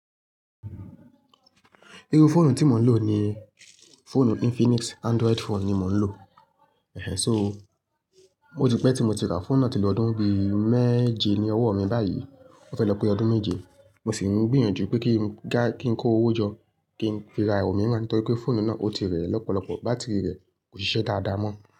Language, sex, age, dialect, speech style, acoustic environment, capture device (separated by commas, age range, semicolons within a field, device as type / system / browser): Yoruba, female, 25-34, Nigeria - Ibadan, happy; focused; excited, nature, mobile / Linux / Chrome